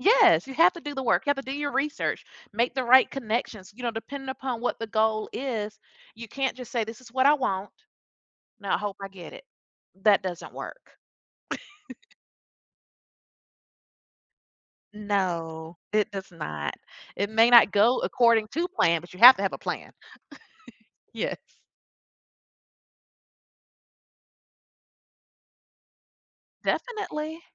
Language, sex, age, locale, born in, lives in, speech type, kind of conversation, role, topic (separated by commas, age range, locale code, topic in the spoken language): English, female, 40-44, en-US, United States, United States, conversation, podcast, guest, What helps you stay motivated and resilient when working toward your goals?